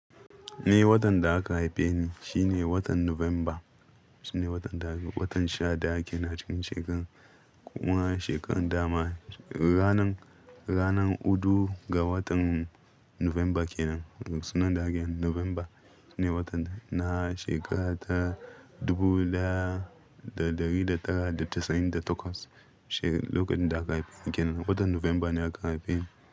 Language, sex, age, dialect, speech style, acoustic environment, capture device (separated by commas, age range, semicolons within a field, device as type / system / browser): Hausa, male, 25-34, Nigeria - Ibadan, happy, people talking, mobile / Linux / Chrome